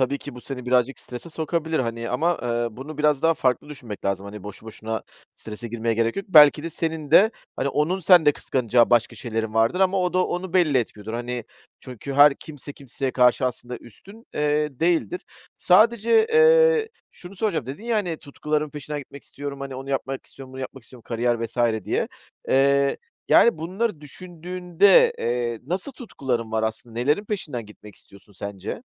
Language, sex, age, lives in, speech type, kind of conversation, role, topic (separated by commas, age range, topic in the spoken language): Turkish, male, 40-44, Greece, conversation, advice, advisor, Hayat amacı veya tutku bulamama, birçok seçenek arasında kararsızlık